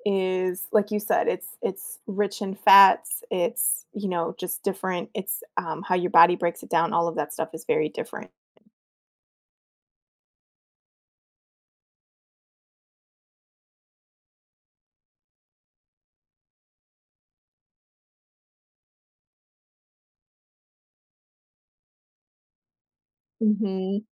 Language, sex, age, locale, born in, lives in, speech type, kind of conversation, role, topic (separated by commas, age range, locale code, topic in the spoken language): English, female, 40-44, en-US, United States, United States, conversation, advice, advisor, How can I finish a long-term goal?